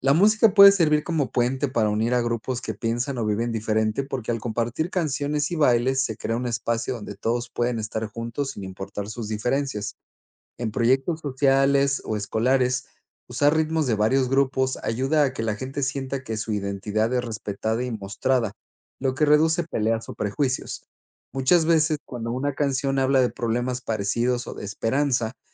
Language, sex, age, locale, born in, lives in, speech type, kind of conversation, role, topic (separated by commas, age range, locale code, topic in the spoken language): Spanish, male, 35-39, es-MX, Mexico, Spain, read, expert-assistant, expert, ¿Qué papel juega la música en la cultura de un país?